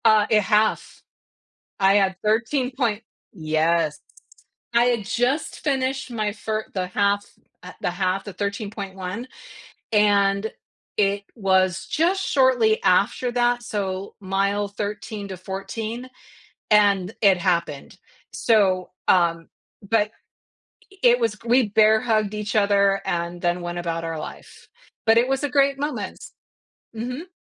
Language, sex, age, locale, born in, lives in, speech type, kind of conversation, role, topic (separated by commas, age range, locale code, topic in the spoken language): English, female, 55-59, en-US, United States, United States, conversation, podcast, guest, In what ways can regular physical activity improve our ability to cope with stress?